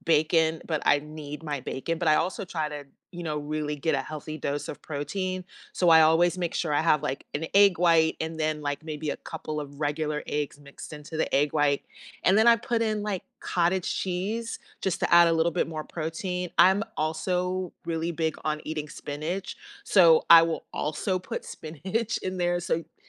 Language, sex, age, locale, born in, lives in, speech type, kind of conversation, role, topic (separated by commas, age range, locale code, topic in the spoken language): English, female, 50-54, en-US, United States, United States, conversation, unstructured, none, How can we add simple movement and play to feel more connected?